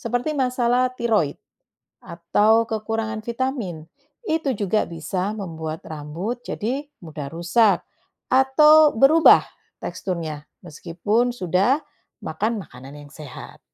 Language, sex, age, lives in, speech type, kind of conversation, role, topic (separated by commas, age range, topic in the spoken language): Indonesian, female, 45-49, Netherlands, read, expert-assistant, expert, Apa yang menyebabkan rambut menjadi keriting atau lurus?